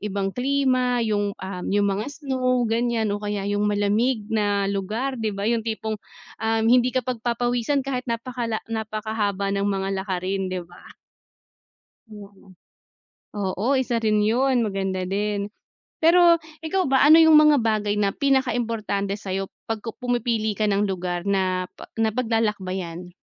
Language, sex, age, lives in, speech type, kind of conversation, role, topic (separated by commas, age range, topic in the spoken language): Filipino, female, 30-34, Philippines, conversation, unstructured, none, Paano mo pinipili ang susunod na destinasyon ng iyong paglalakbay?